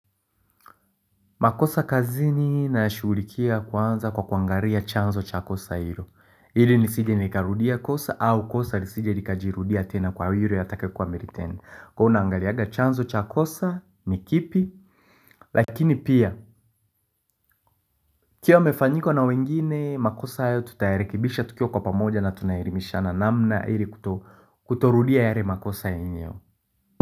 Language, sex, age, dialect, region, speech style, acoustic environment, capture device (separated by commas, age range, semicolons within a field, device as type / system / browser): Swahili, male, 25-34, Tanzania - Dar es Salaam, Tanzania, happy, nature, mobile / Linux / Chrome